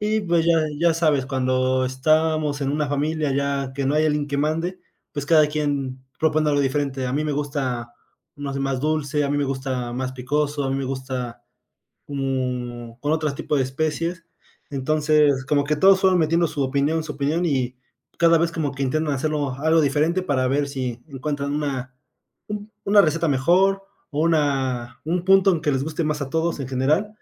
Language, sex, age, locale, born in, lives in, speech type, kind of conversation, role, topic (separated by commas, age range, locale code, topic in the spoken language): Spanish, male, 20-24, es-MX, Mexico, Mexico, conversation, podcast, guest, ¿Qué platos te recuerdan a tu infancia y por qué?